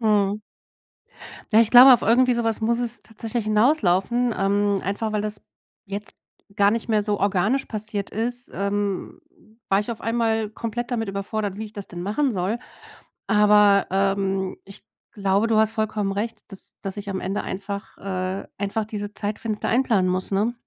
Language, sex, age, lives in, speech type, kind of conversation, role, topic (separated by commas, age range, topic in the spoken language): German, female, 40-44, Germany, conversation, advice, user, Wie kann ich trotz eines vollen Tagesplans regelmäßig kurze Bewegungseinheiten in meinen Alltag integrieren?